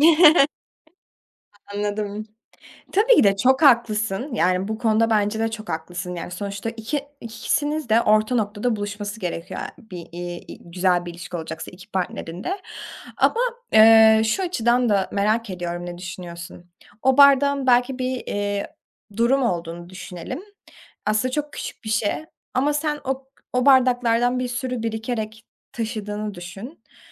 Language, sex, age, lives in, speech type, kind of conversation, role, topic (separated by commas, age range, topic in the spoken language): Turkish, female, 20-24, Germany, conversation, podcast, host, Bugün yapacağın küçük bir değişiklik geleceğini nasıl etkiler?